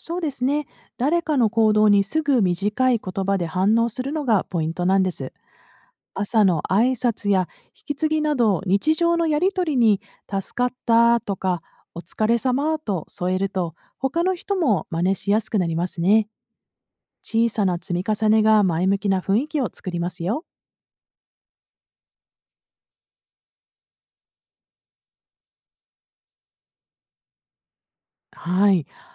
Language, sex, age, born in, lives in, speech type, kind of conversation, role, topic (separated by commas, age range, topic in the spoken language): Japanese, female, 50-54, Japan, United States, read, expert-assistant, expert, 日々変わる医療現場で、無理なくスキルアップを続けるにはどんな工夫がありますか？